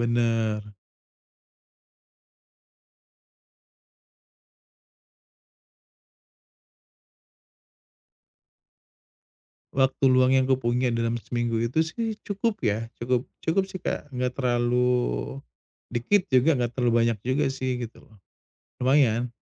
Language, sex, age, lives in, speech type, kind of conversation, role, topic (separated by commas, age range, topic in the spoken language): Indonesian, male, 35-39, Indonesia, conversation, advice, user, Bagaimana saya bisa tetap konsisten mengambil langkah-langkah kecil setiap hari?